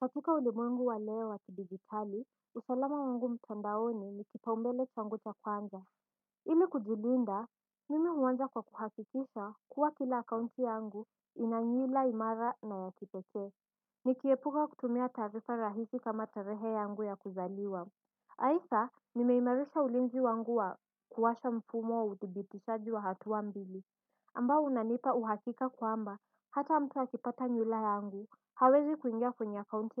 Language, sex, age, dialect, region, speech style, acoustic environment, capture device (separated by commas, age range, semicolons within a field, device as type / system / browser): Swahili, female, 18-24, Kenya - Mombasa, Kenya, focused, silence; nature, desktop / Windows / Edge